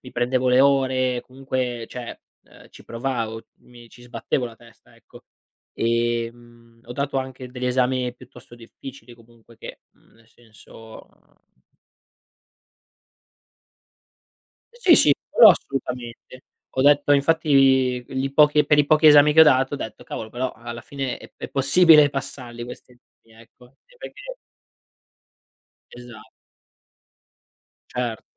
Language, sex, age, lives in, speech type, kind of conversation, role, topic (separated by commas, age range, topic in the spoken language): Italian, male, 25-29, Italy, conversation, podcast, guest, Che cosa hai imparato da un grande errore nella tua vita?